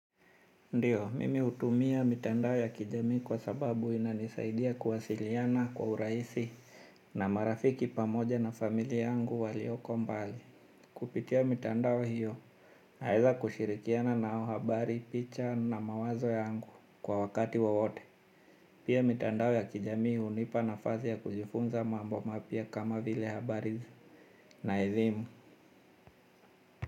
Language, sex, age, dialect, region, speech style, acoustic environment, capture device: Swahili, male, 35-44, Kenya - Mombasa, Kenya, anxious; happy, silence, mobile / Linux / Chrome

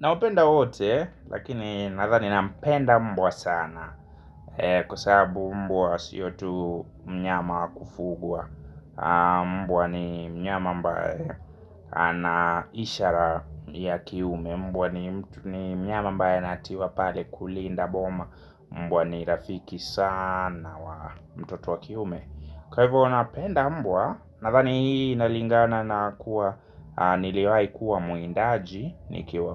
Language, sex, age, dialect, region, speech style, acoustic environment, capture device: Swahili, male, 25-34, Kenya - Nairobi Sheng-influenced, Kenya, happy; relaxed; focused; excited, nature; silence, mobile / Linux / Chrome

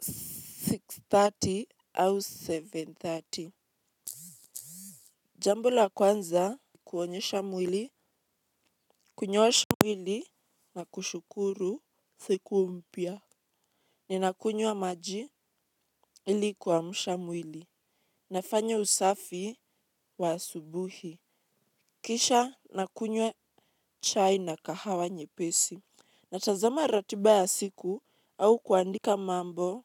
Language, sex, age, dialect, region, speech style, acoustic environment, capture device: Swahili, female, 18-24, Kenya - Mombasa, Kenya, relaxed; focused, nature, mobile / Linux / Chrome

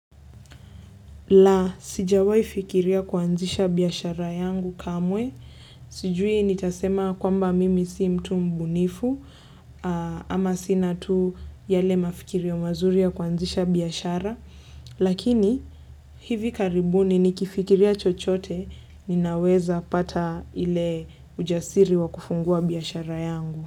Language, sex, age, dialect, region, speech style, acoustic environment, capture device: Swahili, female, 18-24, Kenya - Nairobi Sheng-influenced, Kenya, relaxed, silence, desktop / Linux / Chrome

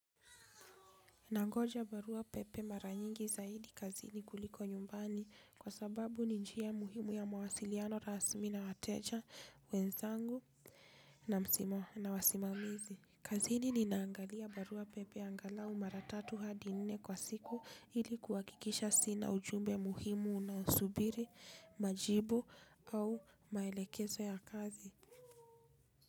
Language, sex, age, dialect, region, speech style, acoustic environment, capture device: Swahili, female, 25-34, Kenya - Nairobi Sheng-influenced, Kenya, happy; relaxed; focused, people talking; traffic; construction, desktop / Linux / Chrome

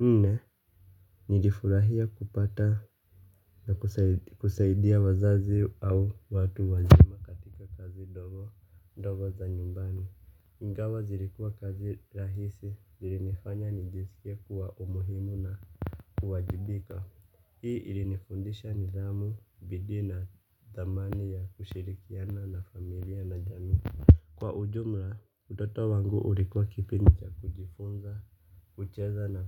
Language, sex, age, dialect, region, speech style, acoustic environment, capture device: Swahili, male, 25-34, Kenya - Nairobi Sheng-influenced, Kenya, relaxed, silence, mobile / Linux / Chrome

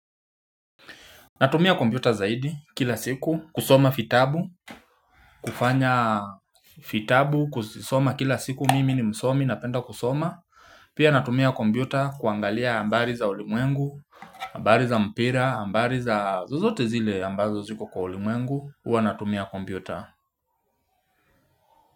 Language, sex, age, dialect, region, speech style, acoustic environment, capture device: Swahili, male, 25-34, Kenya - Nairobi Sheng-influenced, Kenya, relaxed; focused, nature, mobile / Linux / Chrome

